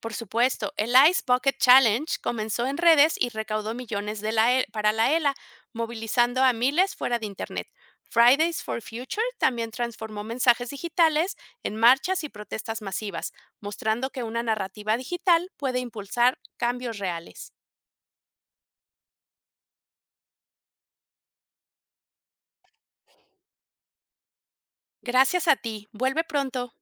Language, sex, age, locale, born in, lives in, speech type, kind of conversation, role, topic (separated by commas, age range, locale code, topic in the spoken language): Spanish, female, 50-54, es-MX, Mexico, Mexico, read, expert-assistant, expert, ¿Qué pasaría si Don Quijote viviera en el siglo XXI? ¿Cómo crees que sería su aventura hoy en día?